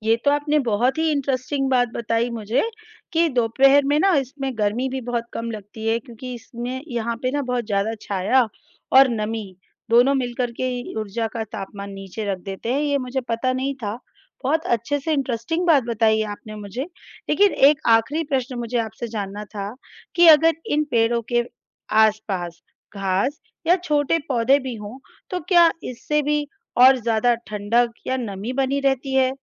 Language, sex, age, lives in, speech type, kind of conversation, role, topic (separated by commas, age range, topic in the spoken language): Hindi, female, 35-39, India, read, expert-assistant, asker, पेड़ की छाया ठंडी क्यों लगती है?